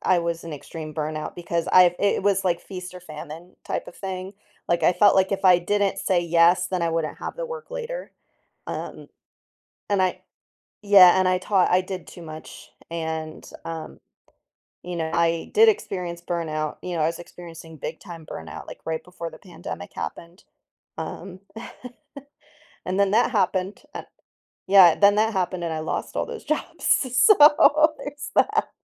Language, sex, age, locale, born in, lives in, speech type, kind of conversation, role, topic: English, female, 35-39, en-US, United States, United States, conversation, podcast, guest, How do workplace challenges shape employee experiences and organizational culture?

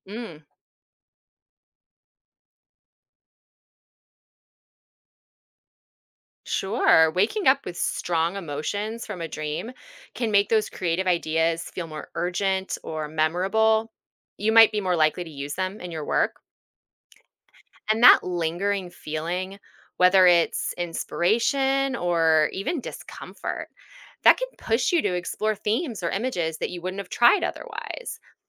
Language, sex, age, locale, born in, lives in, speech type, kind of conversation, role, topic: English, female, 40-44, en-US, United States, United States, read, expert-assistant, expert, How do dreams impact creativity?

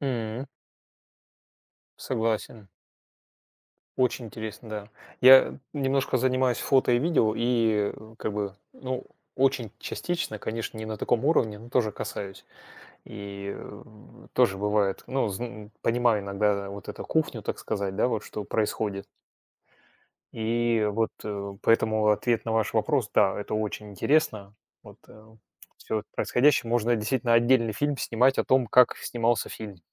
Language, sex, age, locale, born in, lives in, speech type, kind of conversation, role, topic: Russian, male, 45-49, ru-RU, Russia, Germany, conversation, unstructured, none, Как вы думаете, почему технологии делают жизнь веселее?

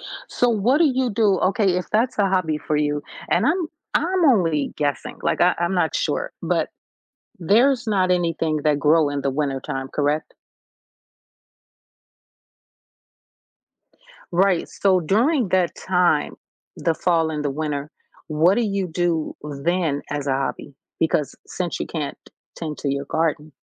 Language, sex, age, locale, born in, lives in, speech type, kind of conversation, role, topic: English, female, 45-49, en-US, United States, United States, conversation, podcast, host, How has pursuing a hobby shaped who you are today?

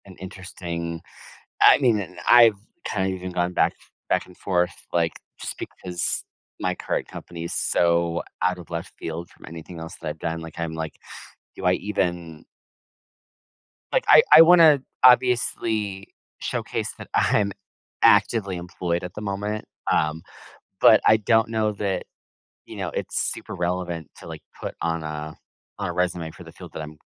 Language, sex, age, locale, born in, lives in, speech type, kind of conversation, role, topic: English, male, 30-34, en-US, United States, United States, conversation, advice, user, How can I switch careers?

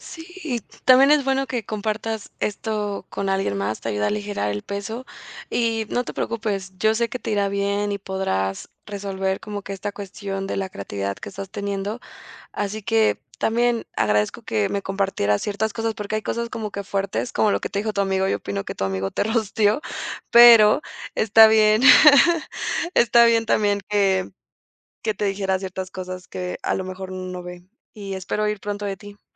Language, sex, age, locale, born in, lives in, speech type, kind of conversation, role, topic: Spanish, female, 20-24, es-MX, Mexico, Mexico, conversation, advice, advisor, ¿Cómo te sientes cuando estás bloqueado y no se te ocurren ideas nuevas para crear?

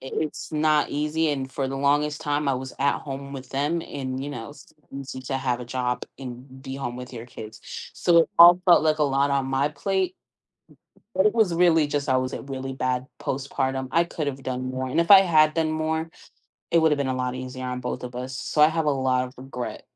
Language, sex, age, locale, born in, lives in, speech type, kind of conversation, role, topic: English, female, 25-29, en-US, United States, United States, conversation, advice, user, How can I express my gratitude now?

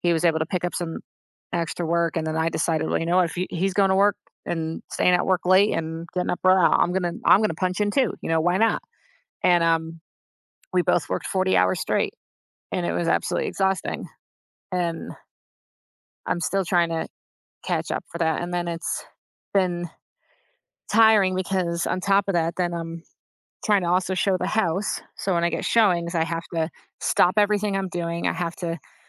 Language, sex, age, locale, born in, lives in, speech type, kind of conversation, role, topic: English, female, 35-39, en-US, United States, United States, conversation, advice, user, How can I regain confidence in my decisions and direction in life?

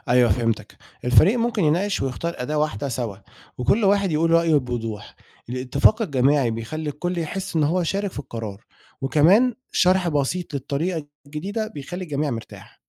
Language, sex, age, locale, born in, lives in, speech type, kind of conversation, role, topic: Arabic, male, 20-24, ar-EG, Egypt, Egypt, read, expert-assistant, expert, إزاي ممكن نعيد تصميم طريقة التواصل بين المديرين وفرقهم في الشغل علشان تكون أكتر فاعلية وتفاهم؟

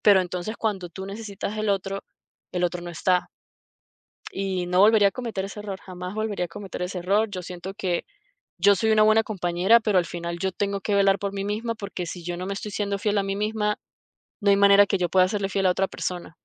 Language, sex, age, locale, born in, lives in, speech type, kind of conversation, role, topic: Spanish, female, 30-34, es-VE, Venezuela, United States, conversation, podcast, guest, ¿Qué le dirías a tu yo más joven sobre las decisiones que tomas?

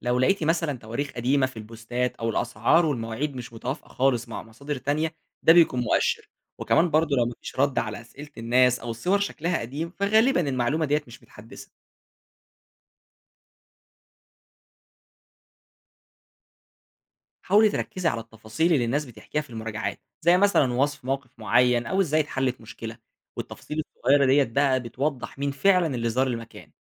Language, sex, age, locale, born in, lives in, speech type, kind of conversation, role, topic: Arabic, male, 20-24, ar-EG, Egypt, Egypt, read, expert-assistant, expert, كتير بنسمع عن تطبيقات ومواقع بتساعد في تخطيط الرحلات، بس ساعات المعلومات بتكون متضاربة، إزاي نفرق بين النصايح المفيدة والمعلومات المضللة؟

